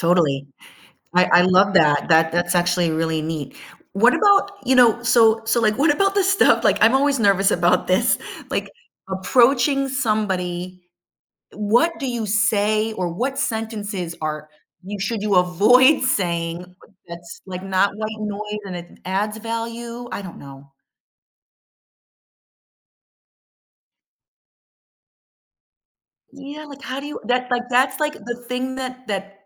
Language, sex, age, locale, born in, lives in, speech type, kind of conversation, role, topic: English, female, 50-54, en-US, United States, United States, conversation, podcast, host, How do people cope with grief and find support during difficult times?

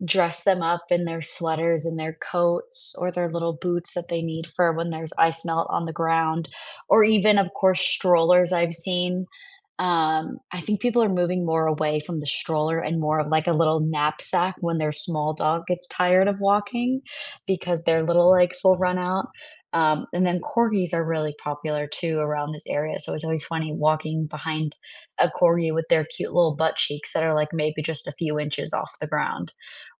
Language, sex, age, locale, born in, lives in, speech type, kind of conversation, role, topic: English, female, 25-29, en-US, United States, United States, conversation, unstructured, none, What made you smile recently?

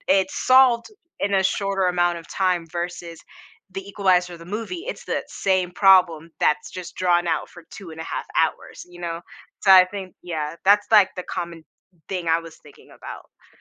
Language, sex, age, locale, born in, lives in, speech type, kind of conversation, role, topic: English, female, 25-29, en-US, United States, United States, conversation, podcast, guest, What draws people in and keeps them watching episode after episode of a TV show?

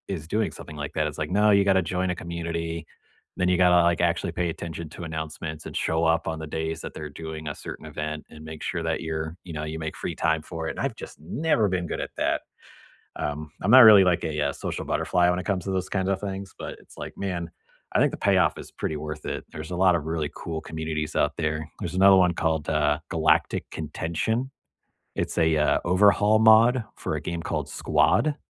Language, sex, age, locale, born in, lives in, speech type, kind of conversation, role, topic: English, male, 30-34, en-US, United States, United States, conversation, unstructured, none, What hobbies have you been meaning to try this year?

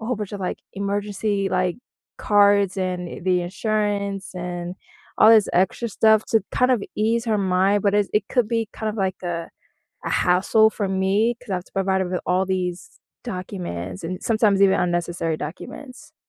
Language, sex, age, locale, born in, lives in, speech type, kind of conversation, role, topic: English, female, 20-24, en-US, United States, United States, conversation, advice, user, How can I share good news with my family in a meaningful and considerate way?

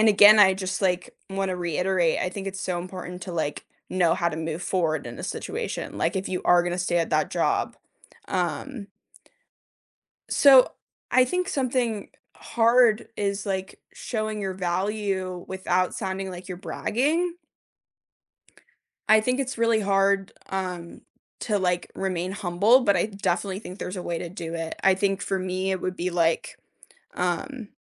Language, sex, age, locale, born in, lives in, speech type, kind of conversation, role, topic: English, female, 25-29, en-US, United States, United States, conversation, unstructured, none, How do you build a strong case for a raise?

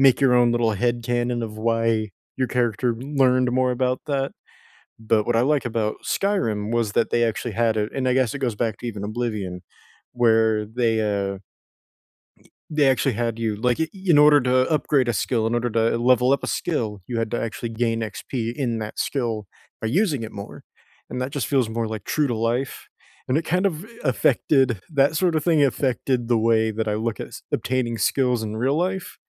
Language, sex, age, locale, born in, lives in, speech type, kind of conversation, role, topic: English, male, 20-24, en-US, United States, United States, conversation, unstructured, none, What unforgettable gaming moments shaped your love of games, and why do they still matter?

